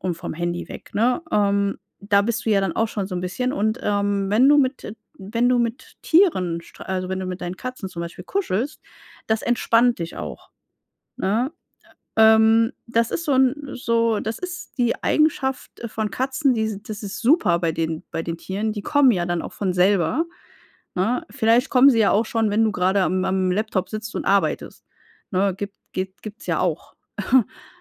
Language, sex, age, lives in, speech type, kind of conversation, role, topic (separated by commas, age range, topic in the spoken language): German, female, 35-39, Germany, conversation, advice, advisor, Wie plane ich regelmäßig Pausen ein, damit ich mich gut erholen kann?